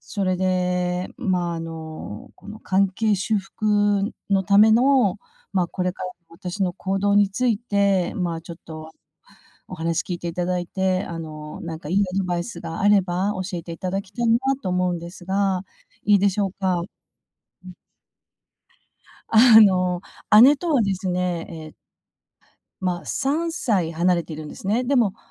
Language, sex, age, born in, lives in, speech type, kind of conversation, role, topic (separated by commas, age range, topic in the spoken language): Japanese, female, 50-54, Japan, United States, conversation, advice, user, どうすれば、心から謝って関係を修復できますか？